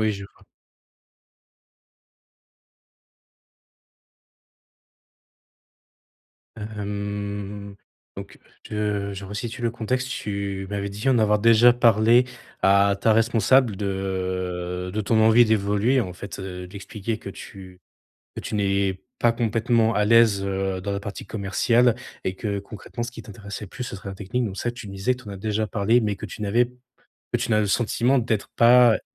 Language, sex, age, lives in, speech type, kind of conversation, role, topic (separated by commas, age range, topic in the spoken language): French, male, 25-29, France, conversation, advice, advisor, Quelles compétences devrais-je apprendre en priorité et comment établir un plan d’apprentissage pour progresser professionnellement ?